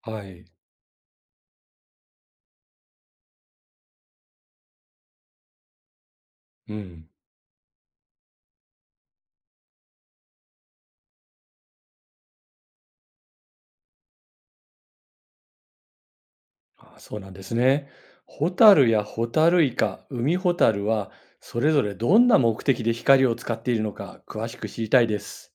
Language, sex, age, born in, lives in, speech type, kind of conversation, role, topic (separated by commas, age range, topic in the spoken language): Japanese, male, 55-59, Japan, Japan, read, expert-assistant, asker, なぜ深海魚は光を出すことができるのか説明してください